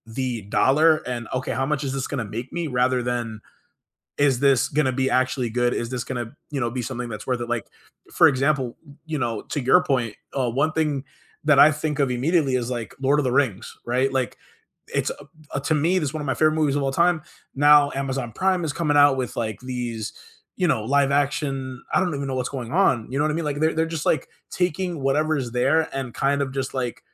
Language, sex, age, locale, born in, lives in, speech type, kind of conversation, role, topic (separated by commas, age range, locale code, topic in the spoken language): English, male, 25-29, en-US, United States, United States, conversation, unstructured, none, Can movie remakes honor the original's spirit and artistry, or are they just cash-grabs that harm creativity?